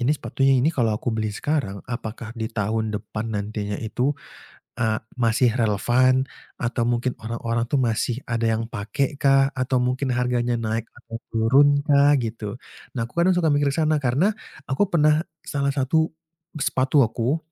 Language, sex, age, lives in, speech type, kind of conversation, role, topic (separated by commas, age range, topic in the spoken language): Indonesian, male, 25-29, Indonesia, conversation, podcast, guest, Bagaimana media sosial mengubah cara kamu bergaya?